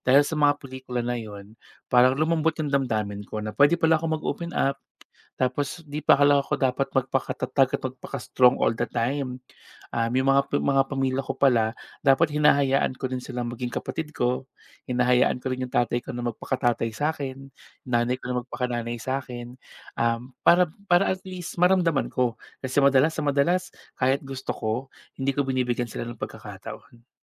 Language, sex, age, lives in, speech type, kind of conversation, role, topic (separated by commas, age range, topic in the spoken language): Filipino, male, 30-34, Philippines, conversation, podcast, guest, Ano ang pelikulang nagpaiba ng pananaw mo sa buhay?